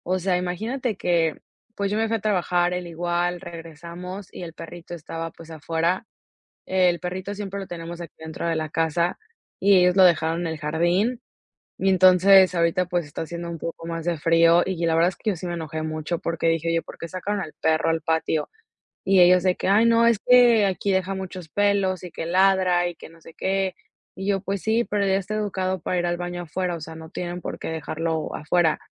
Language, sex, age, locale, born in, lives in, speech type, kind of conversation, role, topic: Spanish, female, 25-29, es-MX, Mexico, United States, conversation, advice, user, ¿Cómo puedo manejar la tensión con mis suegros por los límites y las opiniones no pedidas?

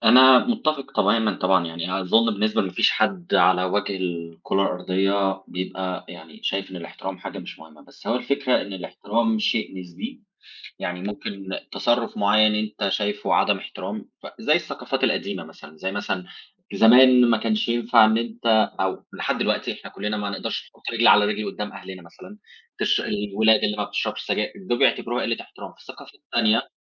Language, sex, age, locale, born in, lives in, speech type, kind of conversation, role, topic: Arabic, male, 30-34, ar-EG, Egypt, Germany, conversation, unstructured, none, إزاي شايف أهمية الاحترام في التعامل مع الناس التانيين؟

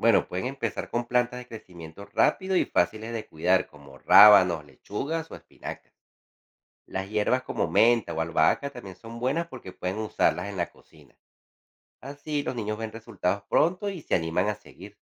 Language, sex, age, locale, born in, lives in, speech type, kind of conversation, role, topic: Spanish, male, 40-44, es-CO, Venezuela, United States, read, expert-assistant, expert, ¿Cómo pueden las familias motivar a los niños a cuidar el medio ambiente?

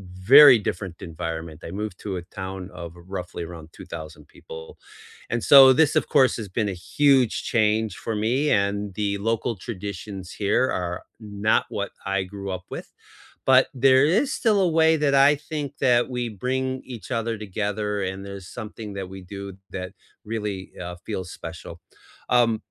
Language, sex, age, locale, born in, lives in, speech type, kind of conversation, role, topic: English, male, 55-59, en-US, United States, United States, conversation, unstructured, none, Which local traditions bring people together and make you feel at home?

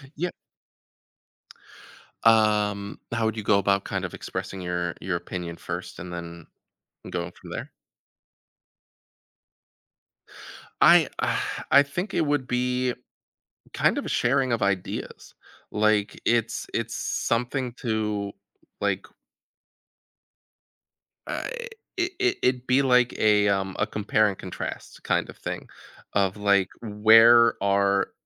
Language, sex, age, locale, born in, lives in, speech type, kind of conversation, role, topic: English, male, 30-34, en-US, United States, United States, conversation, podcast, guest, What are effective ways to handle disagreements while maintaining respect and understanding?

